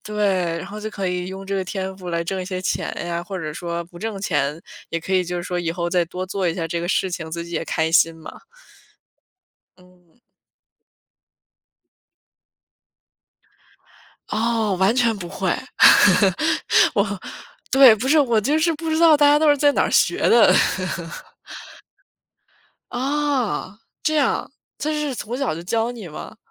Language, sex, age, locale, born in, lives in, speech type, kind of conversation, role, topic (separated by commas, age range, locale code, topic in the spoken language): Chinese, female, 25-29, zh-CN, China, United States, conversation, unstructured, none, 你喜欢自己动手做手工吗？